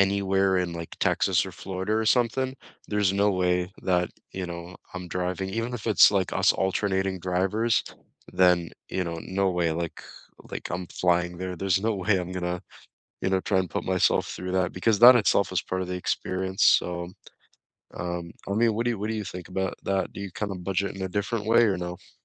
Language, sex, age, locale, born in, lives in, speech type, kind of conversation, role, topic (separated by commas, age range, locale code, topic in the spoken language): English, male, 20-24, en-CA, Canada, Canada, conversation, unstructured, none, How do you balance your budget, time, and fun when planning a trip?